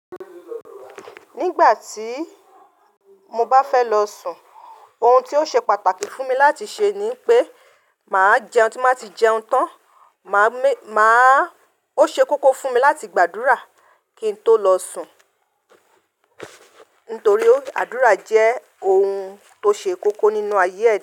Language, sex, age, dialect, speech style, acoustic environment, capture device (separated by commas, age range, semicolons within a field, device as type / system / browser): Yoruba, female, 35-44, Nigeria - Ibadan, relaxed; focused, nature; silence, mobile / Linux / Chrome